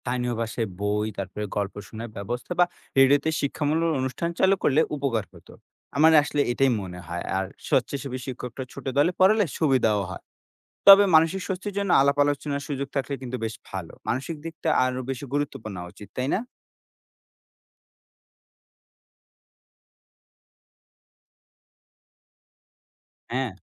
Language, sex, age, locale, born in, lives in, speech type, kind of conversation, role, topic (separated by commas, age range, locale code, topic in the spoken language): Bengali, male, 20-24, bn-BD, Bangladesh, Bangladesh, read, expert-assistant, asker, বাংলাদেশের উপকূলীয় অঞ্চলে বন্যা এবং নদীভাঙ্গনের মধ্যে কোনটি মানুষের জীবনে বড় প্রভাব ফেলে এবং কেন?